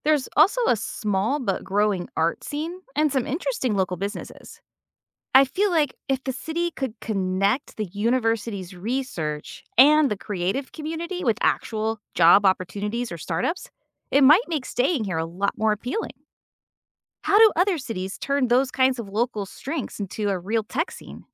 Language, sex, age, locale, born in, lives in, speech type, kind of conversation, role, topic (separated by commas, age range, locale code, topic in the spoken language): English, female, 45-49, en-US, United States, United States, read, expert-assistant, asker, Is there an analogy for how tech hubs form?